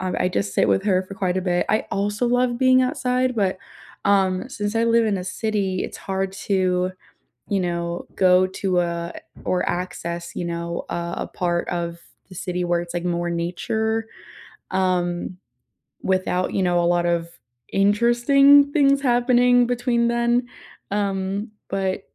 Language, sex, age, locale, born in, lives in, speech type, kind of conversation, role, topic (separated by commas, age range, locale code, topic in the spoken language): English, female, 20-24, en-US, United States, United States, conversation, unstructured, none, What helps you unwind after a busy day, and how can we relax together?